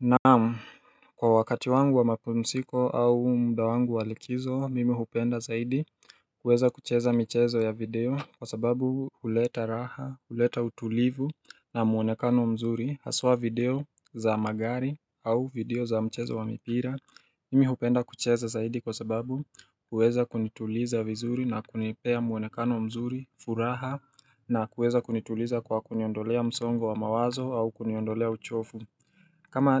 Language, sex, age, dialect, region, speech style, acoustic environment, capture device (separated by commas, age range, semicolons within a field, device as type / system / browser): Swahili, male, 18-24, Kenya - Nairobi Sheng-influenced, Kenya, relaxed, silence, mobile / Linux / Chrome